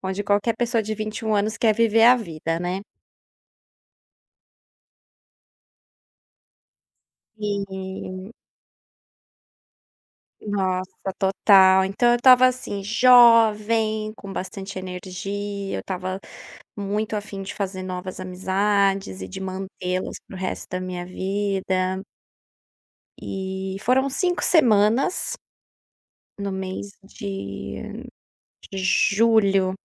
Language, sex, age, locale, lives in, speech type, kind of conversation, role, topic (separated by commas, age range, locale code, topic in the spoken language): Portuguese, female, 30-34, pt-BR, Spain, conversation, podcast, guest, Pode me contar sobre uma viagem que mudou a sua vida?